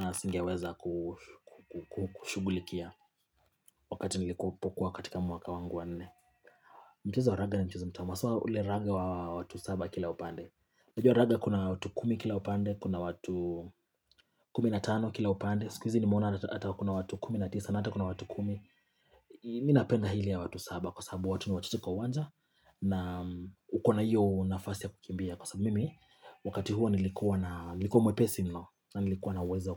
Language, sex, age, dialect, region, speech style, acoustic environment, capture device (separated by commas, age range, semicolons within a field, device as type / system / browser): Swahili, male, 25-34, Kenya - Nairobi Sheng-influenced, Kenya, relaxed, silence, mobile / Linux / Chrome